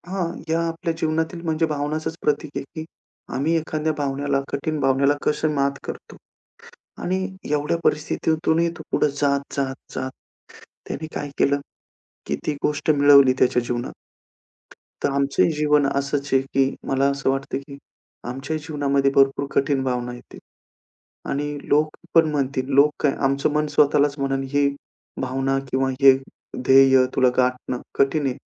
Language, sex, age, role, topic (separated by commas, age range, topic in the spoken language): Marathi, male, 35-39, guest, कठीण भावना स्वीकारताना तुम्ही काय करता?